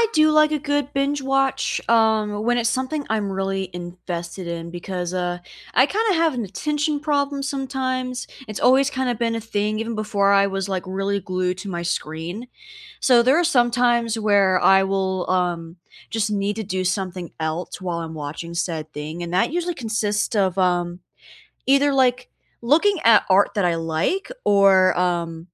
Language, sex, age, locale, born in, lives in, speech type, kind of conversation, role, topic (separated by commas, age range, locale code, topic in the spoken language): English, female, 20-24, en-US, United States, United States, conversation, unstructured, none, What does a perfect slow morning look like for you?